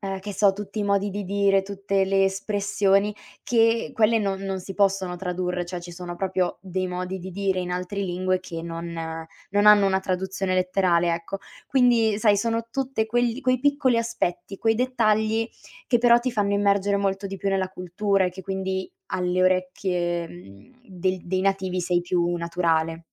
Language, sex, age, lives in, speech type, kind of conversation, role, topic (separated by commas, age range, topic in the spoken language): Italian, female, 25-29, Italy, conversation, podcast, guest, Che importanza ha la lingua nella tua identità?